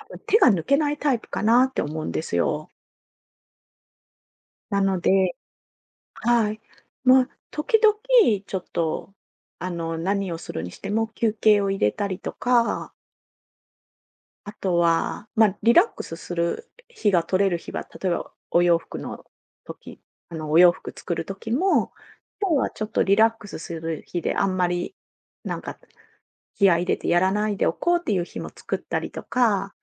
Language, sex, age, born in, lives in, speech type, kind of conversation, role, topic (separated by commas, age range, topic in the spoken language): Japanese, female, 45-49, Japan, Japan, conversation, advice, advisor, 燃え尽き症候群のせいで仕事に興味が持てないと感じるのはなぜですか？